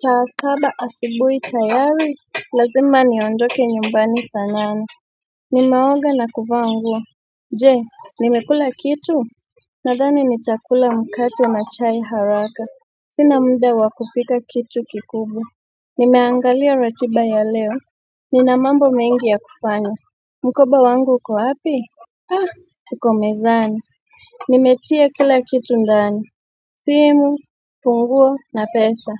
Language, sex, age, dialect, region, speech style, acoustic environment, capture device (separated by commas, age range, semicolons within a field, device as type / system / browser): Swahili, female, 25-34, Kenya - Mombasa, Kenya, relaxed, nature, mobile / Android / Chrome